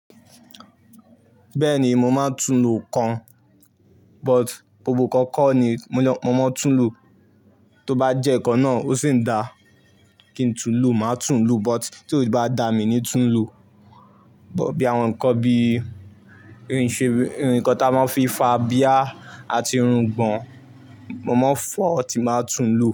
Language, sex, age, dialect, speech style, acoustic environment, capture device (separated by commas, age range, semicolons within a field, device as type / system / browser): Yoruba, male, 18-24, Nigeria - Ibadan, excited, silence, mobile / Linux / Chrome